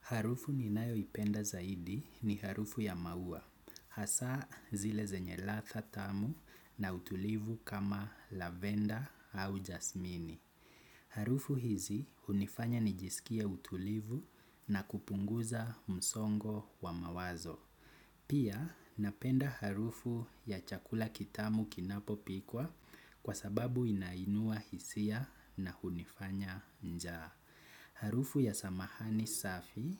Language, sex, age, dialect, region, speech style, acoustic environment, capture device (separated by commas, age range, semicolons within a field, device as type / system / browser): Swahili, male, 25-34, Kenya - Nairobi Sheng-influenced, Kenya, happy, nature, desktop / Windows / Chrome